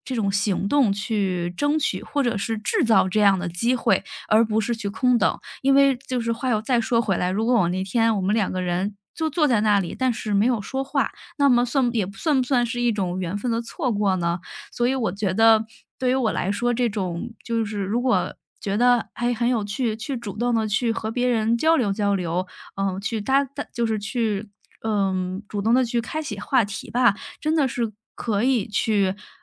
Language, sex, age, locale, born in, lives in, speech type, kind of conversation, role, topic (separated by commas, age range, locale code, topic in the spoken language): Chinese, female, 30-34, zh-CN, China, Germany, conversation, podcast, guest, 有没有一次意外的相遇改变了你的人生走向？